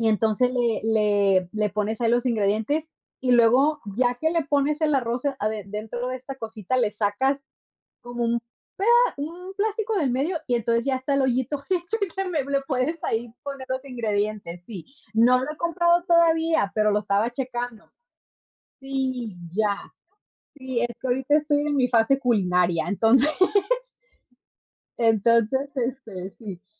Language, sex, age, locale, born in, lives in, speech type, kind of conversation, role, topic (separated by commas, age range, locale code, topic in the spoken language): Spanish, female, 30-34, es-MX, Mexico, United States, conversation, unstructured, none, ¿Cuál es tu comida favorita y por qué te gusta tanto?